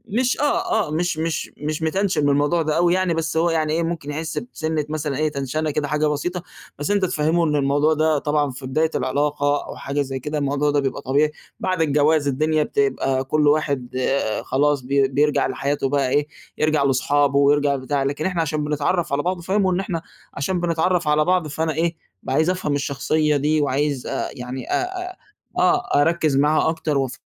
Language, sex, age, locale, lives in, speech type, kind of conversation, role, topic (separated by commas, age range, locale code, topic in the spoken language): Arabic, male, 20-24, ar-EG, Egypt, conversation, advice, advisor, إزاي أقدر أوفّق بين علاقة عاطفية وصداقة مقرّبة وأنا حاسس بضغط منهم؟